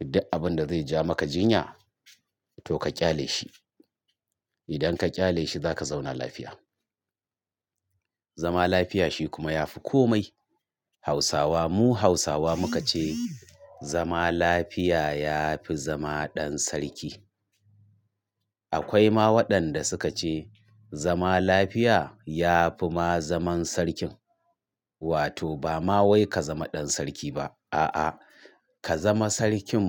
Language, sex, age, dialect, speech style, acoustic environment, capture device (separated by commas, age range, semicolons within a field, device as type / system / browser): Hausa, male, 45-54, Nigeria - Kano, nervous; excited; stressed; focused; relaxed; tired; anxious; happy, traffic; construction; appliances; silence; nature; music; people talking, mobile / Linux / Chrome